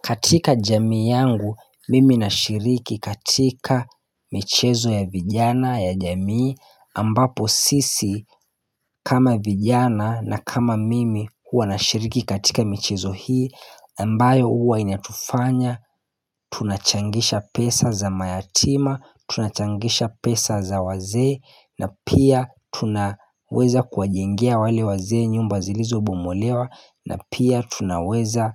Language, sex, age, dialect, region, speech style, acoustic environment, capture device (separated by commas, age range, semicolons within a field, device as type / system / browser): Swahili, male, 25-34, Kenya - Mombasa, Kenya, happy, nature, mobile / Linux / Chrome